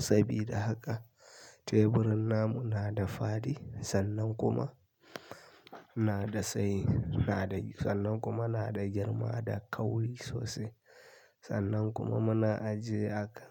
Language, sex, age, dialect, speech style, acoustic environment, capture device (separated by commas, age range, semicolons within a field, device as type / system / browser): Hausa, male, 25-34, Nigeria - Kano, happy; tired; anxious; relaxed; stressed; focused; excited; nervous, people talking; music; nature; silence; traffic; construction; appliances, mobile / Linux / Chrome